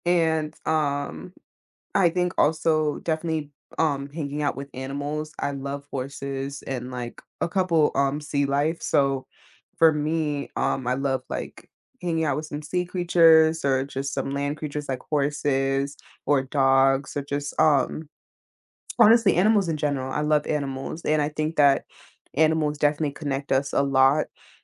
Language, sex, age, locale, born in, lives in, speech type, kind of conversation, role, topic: English, female, 20-24, en-US, United States, United States, conversation, podcast, guest, How does connecting with nature affect our well-being and daily lives?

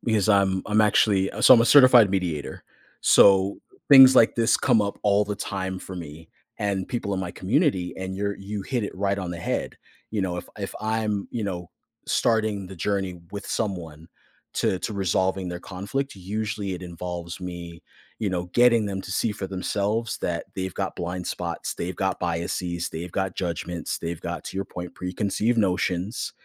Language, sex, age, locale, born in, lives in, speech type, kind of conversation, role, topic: English, male, 35-39, en-US, United States, United States, conversation, podcast, host, What helps people build stronger connections across different cultures and experiences?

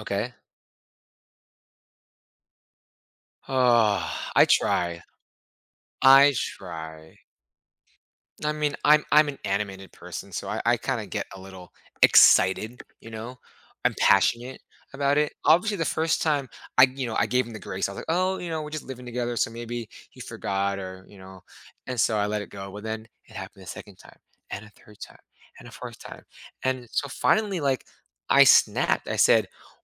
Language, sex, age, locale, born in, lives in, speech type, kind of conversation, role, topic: English, male, 30-34, en-US, United States, United States, conversation, advice, user, How can I address my partner's annoying habit without causing conflict?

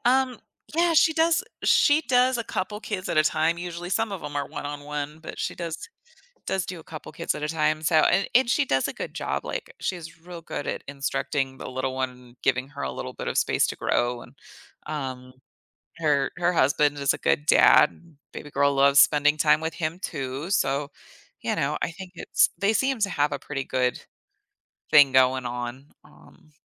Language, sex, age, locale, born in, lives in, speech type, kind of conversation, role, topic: English, female, 35-39, en-US, United States, United States, conversation, advice, user, How can I prepare emotionally and practically for my baby's arrival?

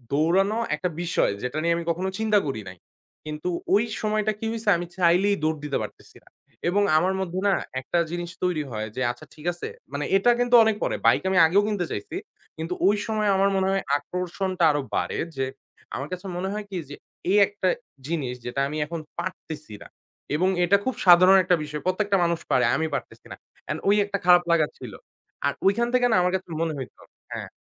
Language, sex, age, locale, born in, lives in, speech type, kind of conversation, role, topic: Bengali, male, 25-29, bn-BD, Bangladesh, Bangladesh, conversation, podcast, guest, শখটা নিয়মিত রাখার টিপস আছে কি?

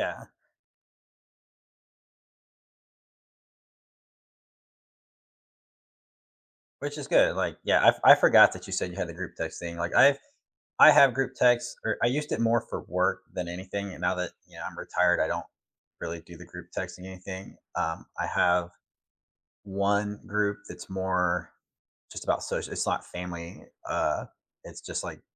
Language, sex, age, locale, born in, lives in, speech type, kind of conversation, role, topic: English, male, 40-44, en-US, United States, United States, conversation, unstructured, none, What simple, meaningful ways do you stay connected with the people you care about most?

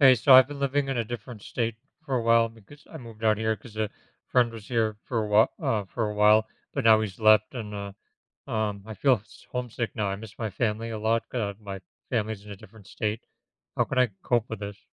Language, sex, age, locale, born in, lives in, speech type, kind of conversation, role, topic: English, male, 35-39, en-US, United States, United States, conversation, advice, user, How can I manage homesickness and build connections after moving away from my family?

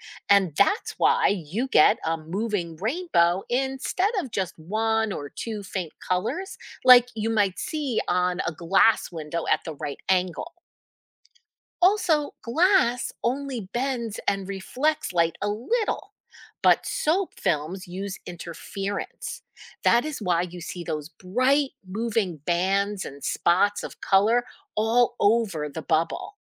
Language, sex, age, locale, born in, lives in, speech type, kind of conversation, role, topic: English, female, 50-54, en-US, United States, United States, read, expert-assistant, expert, Why do bubbles show rainbow colors?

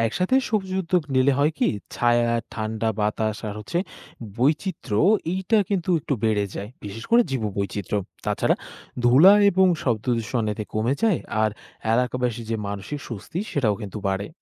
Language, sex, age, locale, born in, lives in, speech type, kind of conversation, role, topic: Bengali, male, 20-24, bn-BD, Bangladesh, Bangladesh, read, expert-assistant, expert, শহুরে জীবনে বাস করেও কীভাবে স্থানীয় বাস্তুতন্ত্রের সংরক্ষণে অবদান রাখা যায়?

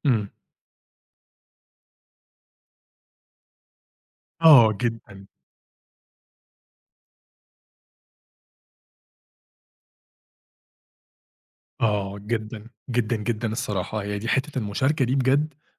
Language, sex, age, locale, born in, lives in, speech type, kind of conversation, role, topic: Arabic, male, 30-34, ar-EG, Egypt, Egypt, conversation, podcast, guest, بتاكلوا مع بعض كعيلة كل يوم ولا بس في أوقات معينة؟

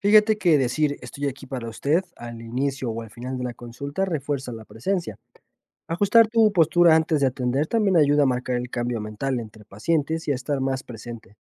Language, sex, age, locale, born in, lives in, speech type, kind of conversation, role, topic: Spanish, male, 25-29, es-MX, Mexico, Mexico, read, expert-assistant, expert, ¿Cómo manejo la presión de tener muchos pacientes y poco tiempo para cada uno sin descuidar la calidad de atención?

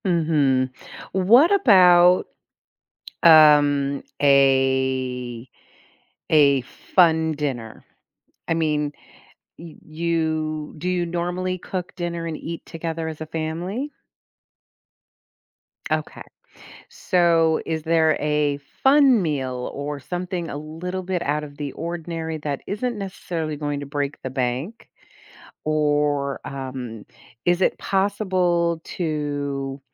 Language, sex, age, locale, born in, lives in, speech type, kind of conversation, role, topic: English, female, 55-59, en-US, United States, United States, conversation, advice, advisor, How can I support my partner through a loss without burning out?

